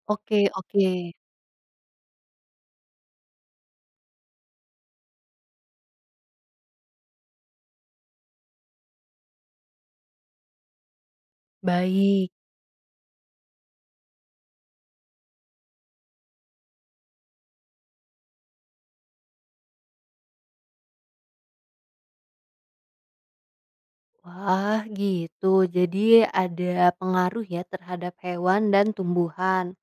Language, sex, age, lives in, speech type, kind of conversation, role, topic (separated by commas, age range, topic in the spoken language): Indonesian, female, 20-24, Indonesia, read, expert-assistant, asker, Mengapa bulan tampak berubah bentuk setiap malam?